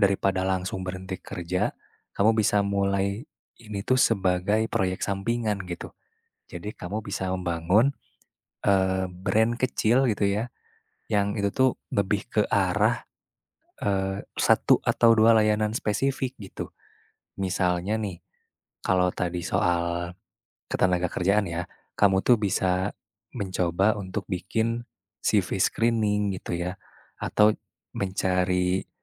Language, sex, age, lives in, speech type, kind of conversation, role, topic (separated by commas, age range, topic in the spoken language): Indonesian, male, 20-24, Indonesia, conversation, advice, advisor, Apakah saya sebaiknya berhenti dari pekerjaan tetap untuk mendirikan perusahaan rintisan?